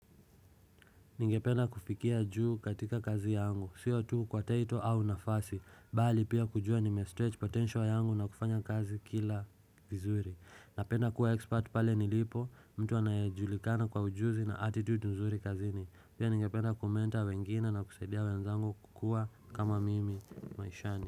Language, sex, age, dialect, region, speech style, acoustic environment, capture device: Swahili, male, 25-34, Kenya - Nairobi Sheng-influenced, Kenya, relaxed, silence, desktop / Windows / Chrome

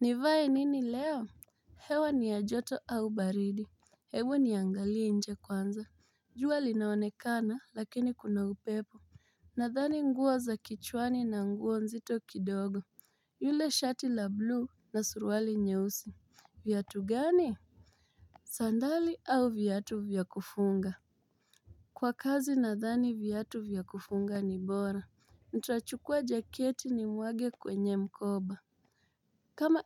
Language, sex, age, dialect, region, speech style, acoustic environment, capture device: Swahili, female, 25-34, Kenya - Mombasa, Kenya, happy, silence, mobile / Linux / Chrome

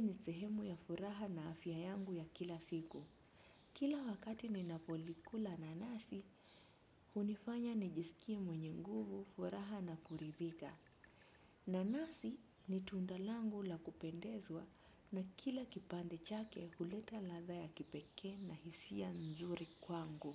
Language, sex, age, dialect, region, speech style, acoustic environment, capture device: Swahili, female, 25-34, Kenya - Mombasa, Kenya, relaxed, nature, desktop / Windows / Chrome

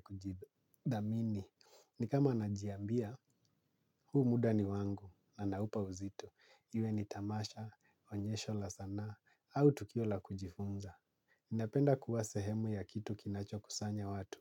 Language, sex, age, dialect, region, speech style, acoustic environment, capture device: Swahili, male, 25-34, Kenya - Nairobi Sheng-influenced, Kenya, relaxed, nature; silence; appliances, mobile / Linux / Chrome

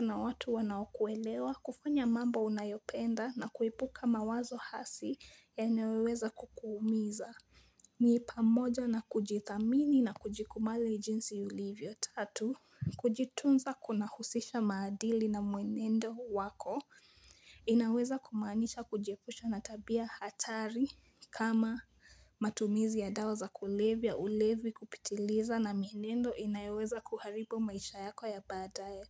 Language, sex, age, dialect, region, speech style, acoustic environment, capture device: Swahili, female, 18-24, Kenya - Nairobi Sheng-influenced, Kenya, happy; focused; relaxed, nature; people talking, mobile / Linux / Chrome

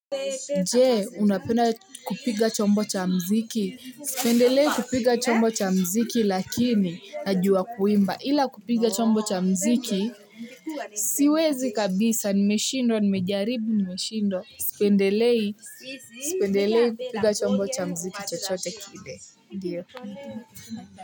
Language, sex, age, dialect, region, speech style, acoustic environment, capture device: Swahili, female, 18-24, Kenya - Nairobi Sheng-influenced, Kenya, happy, silence, mobile / Linux / Chrome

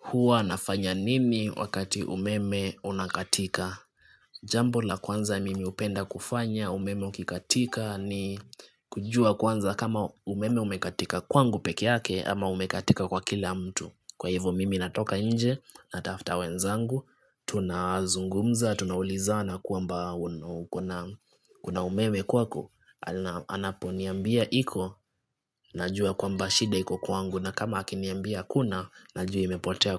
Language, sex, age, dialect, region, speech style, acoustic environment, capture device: Swahili, male, 25-34, Kenya - Nairobi Sheng-influenced, Kenya, relaxed; focused, nature, desktop / Linux / Chrome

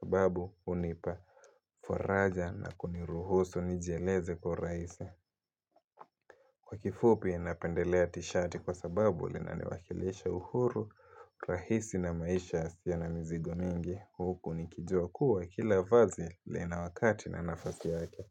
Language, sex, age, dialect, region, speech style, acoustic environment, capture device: Swahili, male, 18-24, Kenya - Mombasa, Kenya, happy; focused, silence, mobile / Linux / Chrome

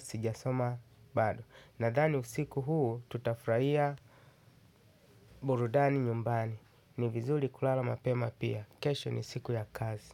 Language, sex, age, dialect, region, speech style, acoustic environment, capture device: Swahili, male, 35-44, Kenya - Mombasa, Kenya, happy, people talking, desktop / Linux / Chrome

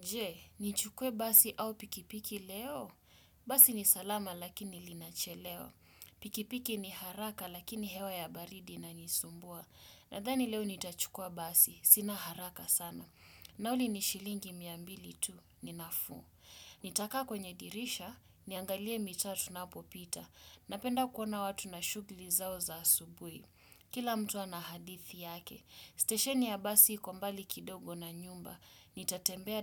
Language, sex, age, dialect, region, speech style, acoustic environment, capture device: Swahili, male, 25-34, Kenya - Mombasa, Kenya, focused, silence, desktop / Windows / Chrome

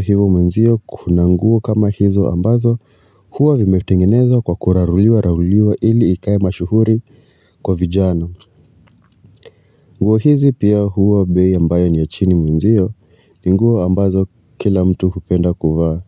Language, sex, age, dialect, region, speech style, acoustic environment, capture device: Swahili, male, 25-34, Kenya - Nairobi Sheng-influenced, Kenya, relaxed, silence, mobile / Linux / Chrome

